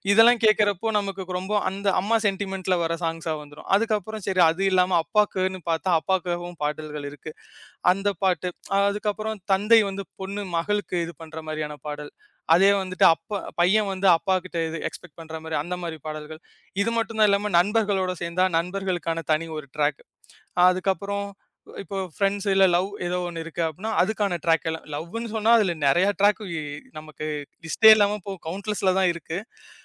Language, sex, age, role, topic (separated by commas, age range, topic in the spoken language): Tamil, male, 25-29, guest, ஒரே பிளேலிஸ்டில் பல மொழிப் பாடல்கள் கலந்திருந்தால் உங்கள் மனநிலை எப்படி மாறும்?